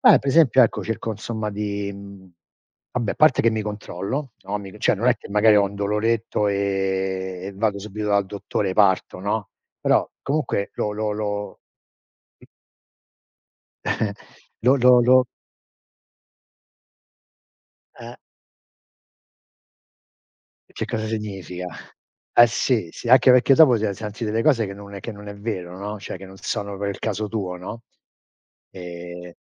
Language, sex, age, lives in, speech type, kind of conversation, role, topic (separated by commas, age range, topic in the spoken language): Italian, male, 60-64, United States, conversation, unstructured, none, Ti preoccupa il futuro se non ti prendi cura del tuo corpo adesso?